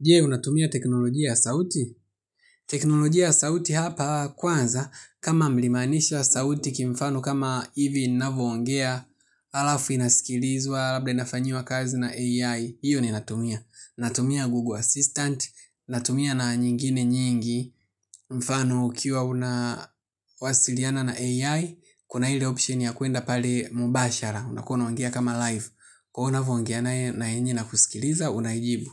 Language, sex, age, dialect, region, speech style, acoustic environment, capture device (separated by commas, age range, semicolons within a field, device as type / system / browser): Swahili, male, 25-34, Tanzania - Dar es Salaam, Tanzania, relaxed, silence, mobile / Linux / Chrome